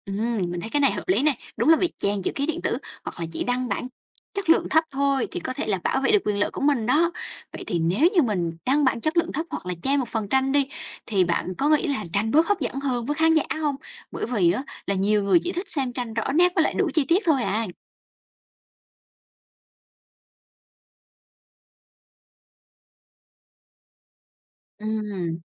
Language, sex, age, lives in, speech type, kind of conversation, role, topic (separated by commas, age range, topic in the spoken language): Vietnamese, female, 20-24, Vietnam, read, expert-assistant, asker, Bạn nghĩ việc chia sẻ tác phẩm nghệ thuật kỹ thuật số trên mạng xã hội mang lại nhiều cơ hội hay rủi ro cho nghệ sĩ?